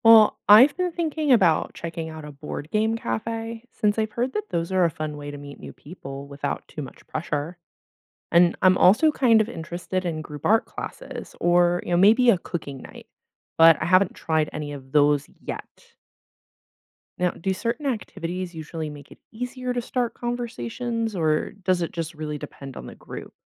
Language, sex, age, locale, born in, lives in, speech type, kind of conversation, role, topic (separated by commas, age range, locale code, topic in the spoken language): English, female, 25-29, en-US, United States, United States, read, expert-assistant, asker, What habits can help me become a better friend and strengthen my relationships?